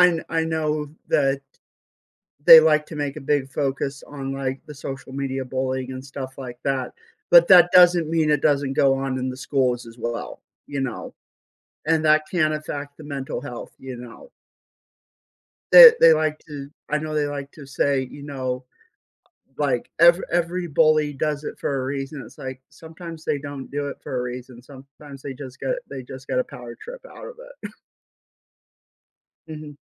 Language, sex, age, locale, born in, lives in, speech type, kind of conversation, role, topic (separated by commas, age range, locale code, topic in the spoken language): English, male, 25-29, en-US, United States, United States, conversation, podcast, host, What are some effective ways schools can promote student well-being and emotional health?